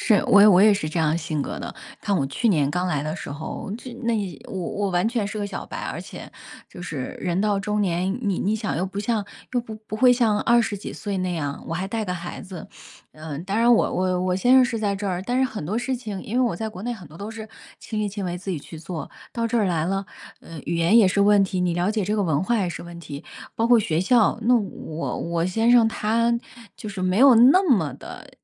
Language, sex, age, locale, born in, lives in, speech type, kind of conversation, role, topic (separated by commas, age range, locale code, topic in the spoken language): Chinese, female, 40-44, zh-CN, China, United States, conversation, unstructured, none, 你觉得帮助别人重要吗？